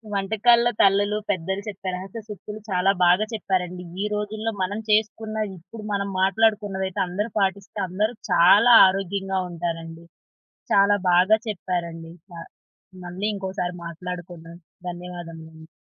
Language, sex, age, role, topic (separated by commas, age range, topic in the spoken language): Telugu, female, 30-34, host, వంటల్లో తల్లులు లేదా పెద్దలు చెప్పే రహస్య చిట్కాలు మీకు వినిపించాయా?